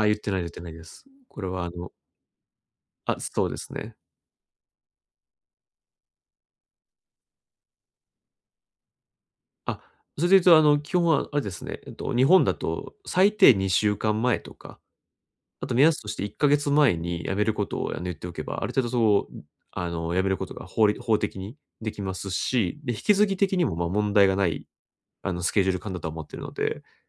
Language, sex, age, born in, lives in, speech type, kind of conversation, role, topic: Japanese, male, 30-34, Japan, Japan, conversation, advice, user, どうすれば倫理的で信頼される行動を効果的かつ一貫して示せますか？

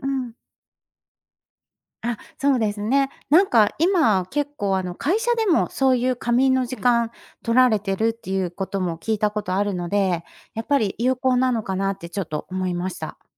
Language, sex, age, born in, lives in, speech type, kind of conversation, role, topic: Japanese, female, 50-54, Japan, Japan, conversation, advice, advisor, 睡眠不足で日中の集中力が続かないのはどうすれば改善できますか？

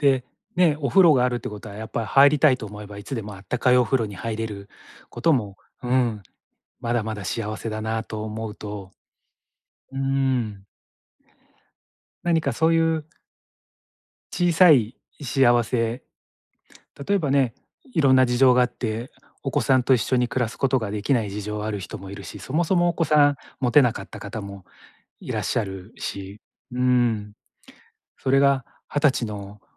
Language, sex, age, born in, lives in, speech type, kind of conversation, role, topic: Japanese, male, 45-49, Japan, Japan, conversation, advice, advisor, 人と比べても自分の価値を低く感じないようにするにはどうすればいいですか？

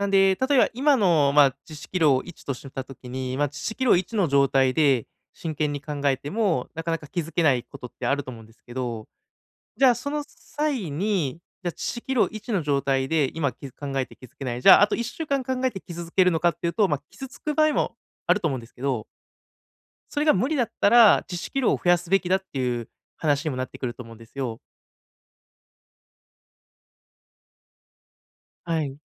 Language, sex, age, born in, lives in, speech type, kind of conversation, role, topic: Japanese, male, 30-34, Japan, Japan, conversation, advice, advisor, 矛盾する価値観の間でどのようにバランスを取り、意味を見いだせますか？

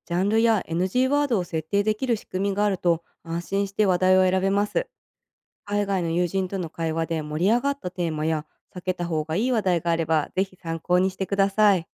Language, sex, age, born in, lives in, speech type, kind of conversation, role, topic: Japanese, female, 30-34, Japan, United States, read, expert-assistant, expert, もし異なる国の友だち同士がもっと簡単につながれる仕組みを作るとしたら、どんなアイディアが考えられますか？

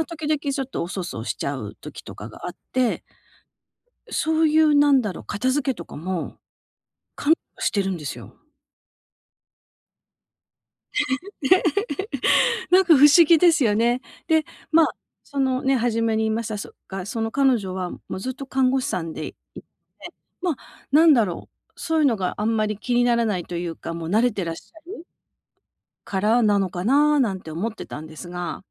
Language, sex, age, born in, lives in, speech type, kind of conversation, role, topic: Japanese, female, 50-54, Japan, United States, conversation, advice, user, 自分のニーズや境界線を相手に自然に、はっきり伝えるにはどうすればいいですか？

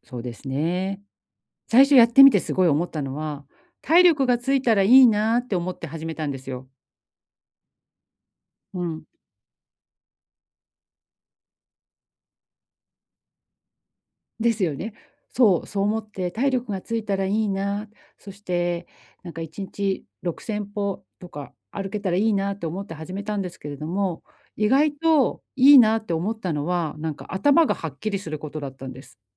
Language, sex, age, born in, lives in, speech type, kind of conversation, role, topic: Japanese, female, 45-49, Japan, Japan, conversation, advice, user, 新しい趣味にすぐ飽きてしまい、情熱が続かないときはどうすればいいですか？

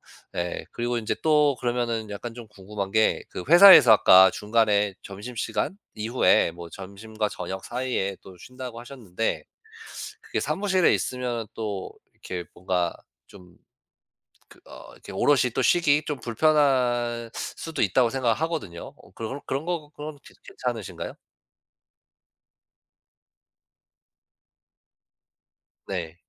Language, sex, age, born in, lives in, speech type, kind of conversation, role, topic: Korean, male, 35-39, United States, United States, conversation, podcast, host, 짧은 휴식 시간을 효과적으로 쓰는 팁이 있나요?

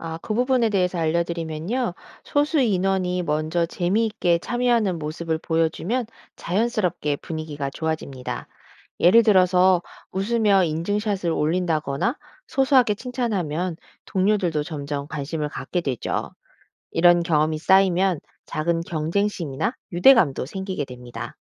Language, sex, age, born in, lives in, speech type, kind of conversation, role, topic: Korean, female, 40-44, South Korea, United States, read, expert-assistant, expert, 바쁜 일상 속에서도 쉽게 참여할 수 있는 스포츠 활동은 어떻게 만들 수 있을까요?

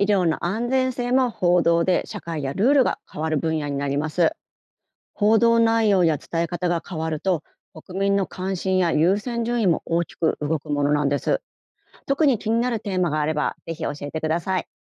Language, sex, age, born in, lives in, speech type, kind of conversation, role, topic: Japanese, female, 50-54, Japan, Japan, read, expert-assistant, expert, 同じ出来事でも、日本と海外でニュースの内容や伝え方が変わるのはなぜだと思いますか？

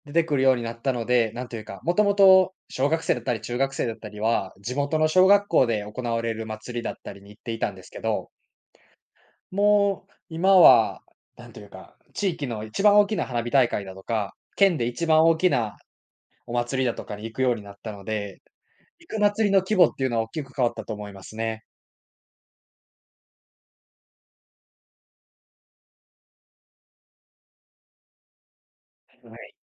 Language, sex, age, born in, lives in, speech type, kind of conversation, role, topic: Japanese, male, 20-24, Japan, Japan, conversation, podcast, guest, 伝統行事と季節のつながりを、あなたはどう捉えていますか？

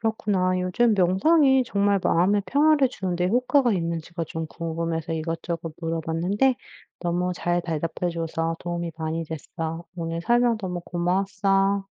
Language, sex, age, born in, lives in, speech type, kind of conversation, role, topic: Korean, female, 35-39, United States, United States, read, expert-assistant, asker, 명상이 정말 마음의 평화를 주는 데 효과가 있나요?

